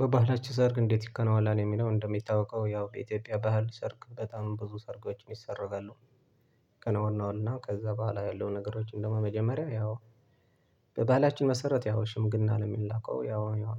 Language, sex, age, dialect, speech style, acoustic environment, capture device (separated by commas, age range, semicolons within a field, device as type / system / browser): Amharic, male, 25-34, Ethiopia - Tigray, happy; relaxed; focused; excited, silence, desktop / Linux / Chrome